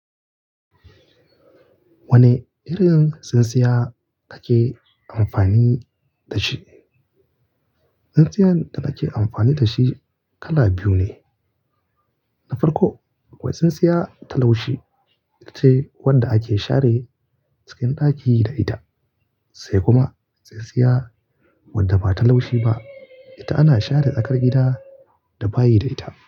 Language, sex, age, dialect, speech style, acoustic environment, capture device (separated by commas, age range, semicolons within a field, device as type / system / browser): Hausa, male, 25-34, Nigeria - Kano, relaxed, nature; appliances, mobile / Linux / Chrome